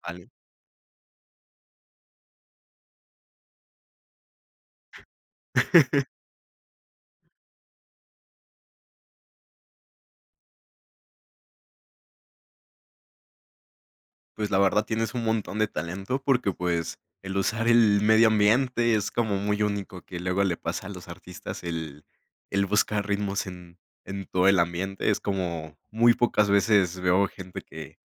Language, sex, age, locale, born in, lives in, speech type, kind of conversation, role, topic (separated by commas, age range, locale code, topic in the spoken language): Spanish, male, 20-24, es-MX, Mexico, Mexico, conversation, podcast, host, ¿Cómo lidias con el bloqueo creativo?